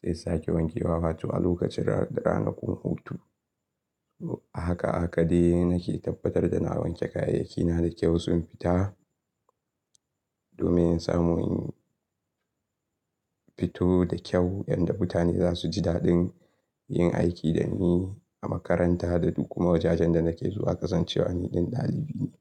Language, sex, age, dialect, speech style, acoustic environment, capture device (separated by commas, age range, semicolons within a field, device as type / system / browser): Hausa, male, 18-24, Nigeria - Kano, relaxed, silence, mobile / Linux / Chrome